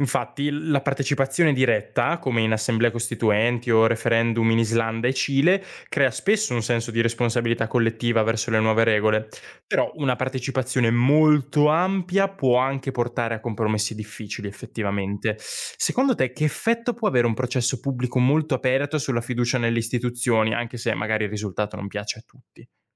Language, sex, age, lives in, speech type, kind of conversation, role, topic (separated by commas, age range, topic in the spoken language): Italian, male, 20-24, Italy, read, expert-assistant, expert, Quali sono i pro e i contro di avere un presidente eletto direttamente dal popolo rispetto a un capo del governo scelto dal parlamento?